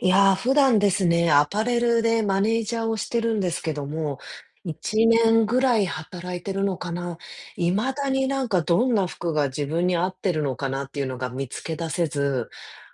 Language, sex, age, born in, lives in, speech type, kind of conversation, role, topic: Japanese, female, 35-39, Japan, United States, conversation, advice, user, 日常で流行に流されずに、自分らしいスタイルを見つけるにはどうすればいいですか？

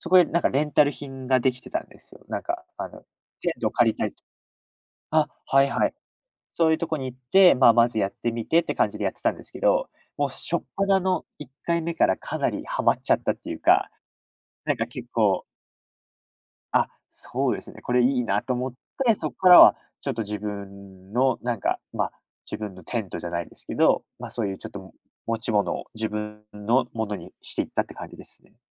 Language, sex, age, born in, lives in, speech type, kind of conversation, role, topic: Japanese, male, 20-24, United States, Japan, conversation, podcast, guest, 普段の生活でいちばん時間をかけている趣味は何ですか？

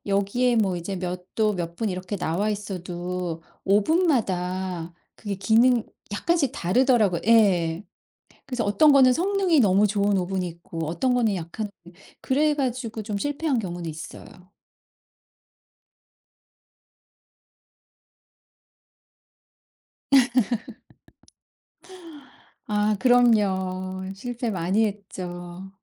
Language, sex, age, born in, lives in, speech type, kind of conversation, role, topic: Korean, female, 50-54, South Korea, United States, conversation, podcast, guest, 요리할 때 가장 신경 쓰는 건 무엇인가요?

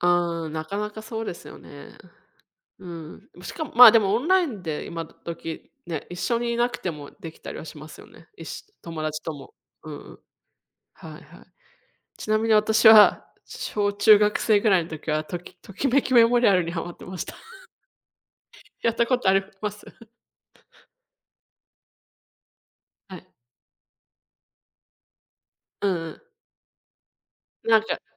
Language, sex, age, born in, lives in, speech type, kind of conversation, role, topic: Japanese, female, 35-39, Japan, United States, conversation, unstructured, none, ゲームばかりするのは時間の無駄だと思いますか？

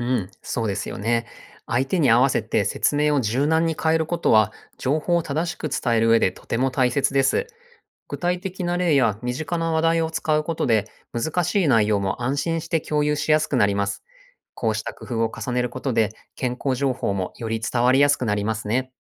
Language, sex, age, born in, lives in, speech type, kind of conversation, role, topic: Japanese, male, 40-44, Japan, Japan, read, expert-assistant, expert, 信頼できる健康情報を見分けるコツや、役立つツールにはどんなものがありますか？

